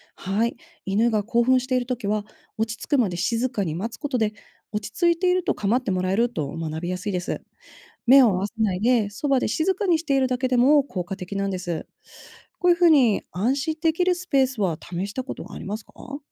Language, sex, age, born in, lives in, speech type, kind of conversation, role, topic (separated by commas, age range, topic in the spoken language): Japanese, female, 35-39, Japan, United States, read, expert-assistant, expert, ペットの分離不安を軽減し、安心して留守番させるためにはどのような対策やトレーニングが有効ですか？